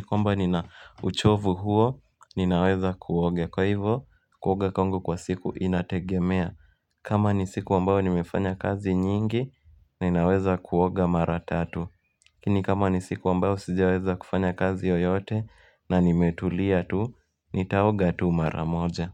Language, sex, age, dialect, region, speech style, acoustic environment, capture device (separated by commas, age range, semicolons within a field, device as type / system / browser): Swahili, male, 18-24, Kenya - Nairobi Sheng-influenced, Kenya, happy; relaxed; focused, people talking; music; nature; construction; appliances; traffic, mobile / Linux / Chrome